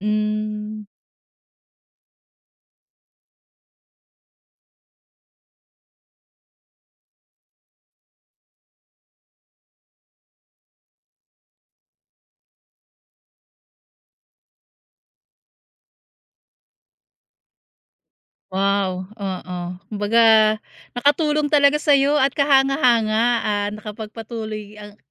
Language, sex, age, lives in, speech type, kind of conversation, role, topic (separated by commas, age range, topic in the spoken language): Filipino, female, 40-44, United States, conversation, podcast, host, Paano mo ginagamit ang teknolohiya sa pag-aaral mo?